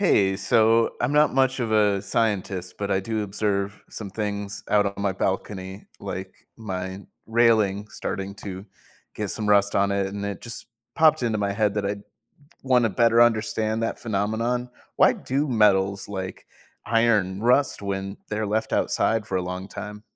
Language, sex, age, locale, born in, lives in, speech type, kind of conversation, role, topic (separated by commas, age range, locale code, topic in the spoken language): English, male, 30-34, en-US, United States, United States, read, expert-assistant, asker, What causes metal to rust over time?